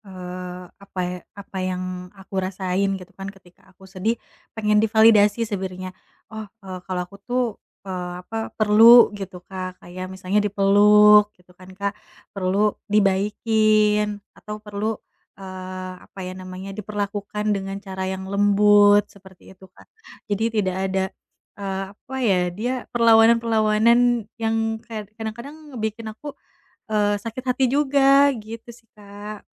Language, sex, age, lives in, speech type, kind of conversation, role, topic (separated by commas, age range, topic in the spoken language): Indonesian, female, 30-34, Indonesia, conversation, advice, user, Bagaimana cara mengekspresikan emosi dengan sehat?